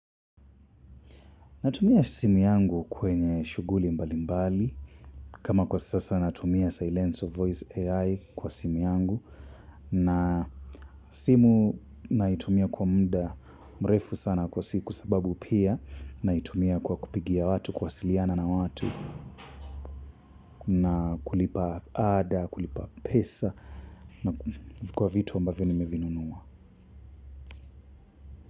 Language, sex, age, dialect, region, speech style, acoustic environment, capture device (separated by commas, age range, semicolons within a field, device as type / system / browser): Swahili, male, 25-34, Kenya - Mombasa, Kenya, happy; relaxed, silence, mobile / Linux / Chrome